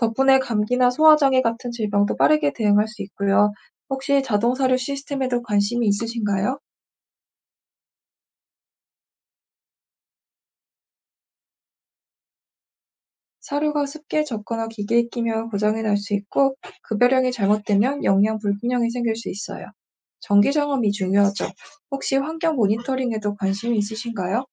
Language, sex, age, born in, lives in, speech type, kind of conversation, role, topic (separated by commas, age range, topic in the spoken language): Korean, female, 25-29, South Korea, Germany, read, expert-assistant, expert, 가축을 더 건강하게 키울 수 있는 새로운 방법에는 뭐가 있을까요?